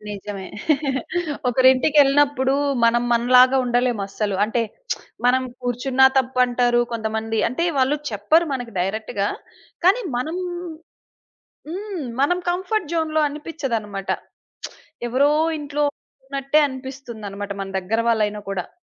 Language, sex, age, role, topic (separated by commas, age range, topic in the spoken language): Telugu, female, 30-34, host, నీ ఇల్లు నిజంగా ‘ఇల్లు’ అనిపించడానికి నీకు అత్యంత ముఖ్యమైన విషయం ఏమిటి?